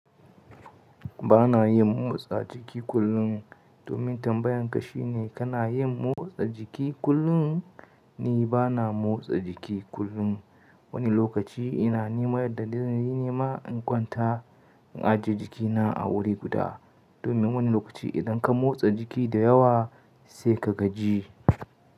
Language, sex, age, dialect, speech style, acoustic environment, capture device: Hausa, male, 25-34, Nigeria - Katsina, excited; nervous, nature; silence, mobile / Linux / Chrome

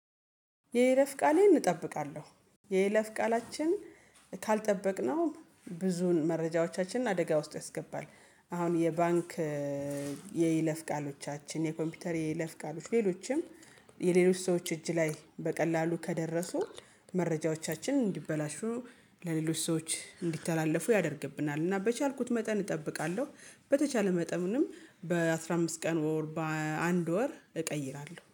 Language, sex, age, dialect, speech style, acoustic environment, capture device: Amharic, female, 35-44, Ethiopia - Gojjam, happy, silence, mobile / Linux / Chrome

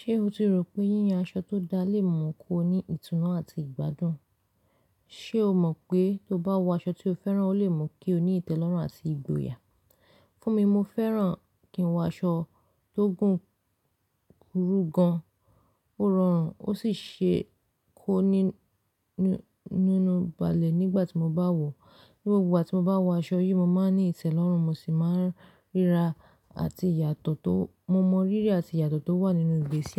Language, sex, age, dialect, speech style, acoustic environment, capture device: Yoruba, female, 25-34, Nigeria - Lagos Yoruba, relaxed, silence, mobile / Linux / Chrome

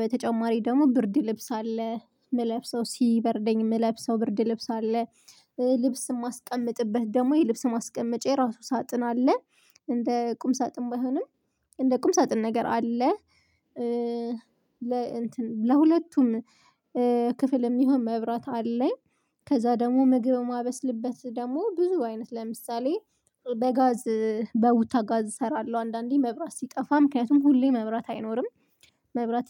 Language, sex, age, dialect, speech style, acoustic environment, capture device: Amharic, female, 25-34, Ethiopia - Addis Ababa, focused, nature, mobile / Linux / Chrome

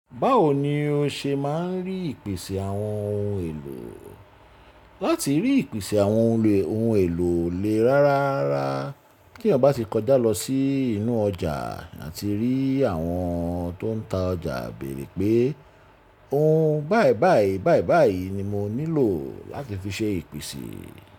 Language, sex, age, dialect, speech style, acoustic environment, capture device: Yoruba, male, 35-44, Nigeria - Lagos Yoruba, relaxed, silence, mobile / Linux / Chrome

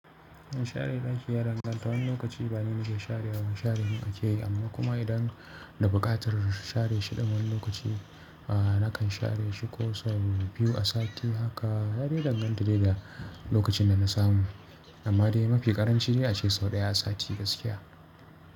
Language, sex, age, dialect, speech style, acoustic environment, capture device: Hausa, male, 25-34, Nigeria - Kano, relaxed, people talking, mobile / Linux / Chrome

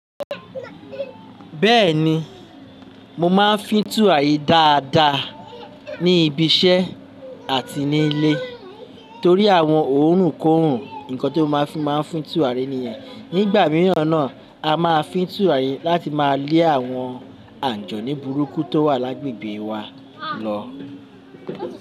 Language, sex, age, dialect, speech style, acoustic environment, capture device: Yoruba, male, 35-44, Nigeria - Lagos Yoruba, happy, people talking, mobile / Linux / Chrome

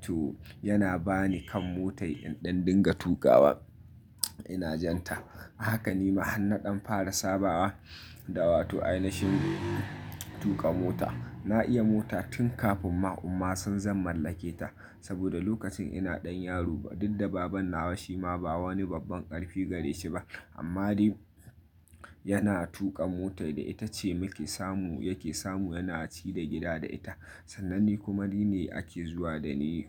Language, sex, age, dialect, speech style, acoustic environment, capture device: Hausa, male, 25-34, Nigeria - Nigerian Standard, happy, silence, mobile / Linux / Chrome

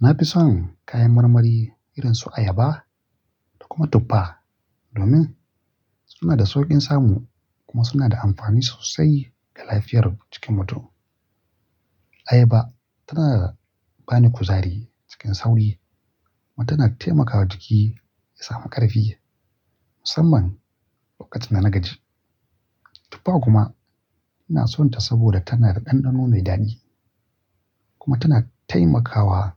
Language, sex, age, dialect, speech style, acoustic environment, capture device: Hausa, male, 25-34, Nigeria - Kano, relaxed; focused, nature, mobile / Linux / Chrome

